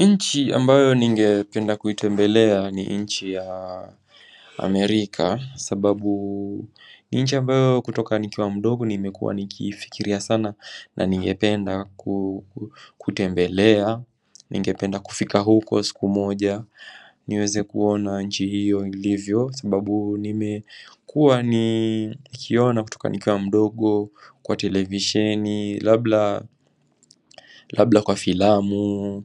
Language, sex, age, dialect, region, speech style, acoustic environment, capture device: Swahili, male, 18-24, Kenya - Mombasa, Kenya, relaxed; focused, construction, mobile / macOS / Safari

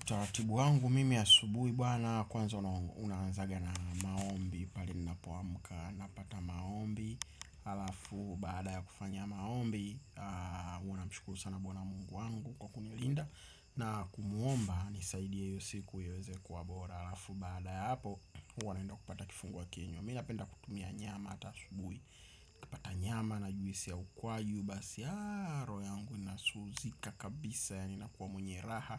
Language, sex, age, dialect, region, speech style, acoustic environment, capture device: Swahili, male, 25-34, Tanzania - Dar es Salaam, Tanzania, excited, traffic; nature, desktop / Windows / Chrome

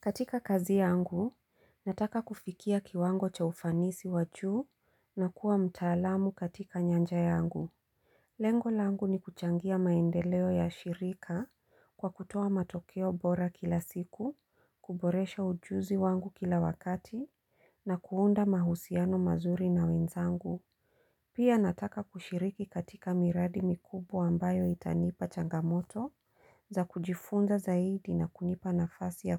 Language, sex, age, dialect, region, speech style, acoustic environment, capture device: Swahili, female, 35-44, Kenya - Mombasa, Kenya, focused, silence, mobile / Linux / Chrome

